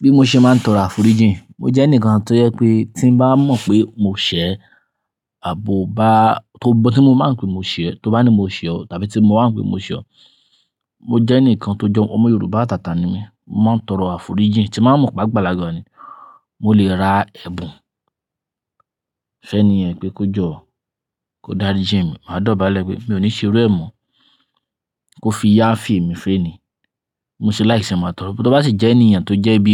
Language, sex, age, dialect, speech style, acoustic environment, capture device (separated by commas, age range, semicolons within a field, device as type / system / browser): Yoruba, male, 25-34, Nigeria - Ibadan, happy, nature, mobile / Linux / Chrome